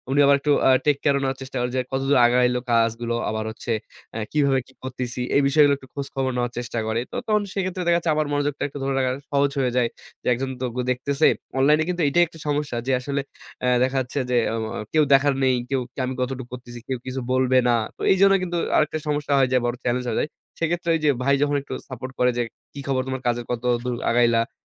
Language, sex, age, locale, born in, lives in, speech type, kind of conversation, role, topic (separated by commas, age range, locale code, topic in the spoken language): Bengali, male, 25-29, bn-BD, Bangladesh, Bangladesh, conversation, podcast, guest, তুমি নিজে কোনো দক্ষতা শেখা শুরু করার গল্পটা বলবে তো?